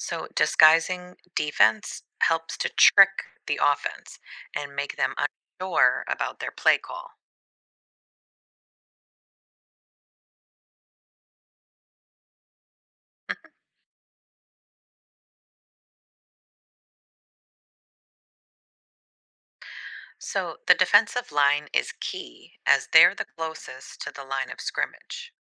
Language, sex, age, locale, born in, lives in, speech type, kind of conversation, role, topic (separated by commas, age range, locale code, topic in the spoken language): English, female, 40-44, en-US, United States, United States, read, expert-assistant, expert, Explain how a goal-line stand works in American football.